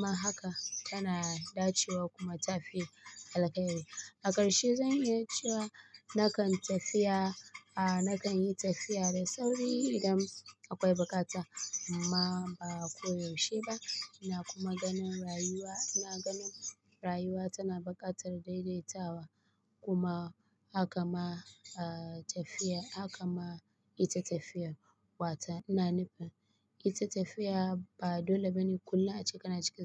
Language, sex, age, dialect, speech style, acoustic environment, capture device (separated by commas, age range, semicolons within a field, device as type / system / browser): Hausa, female, 25-34, Nigeria - Kano, relaxed; focused; excited; happy, appliances; silence, mobile / Linux / Chrome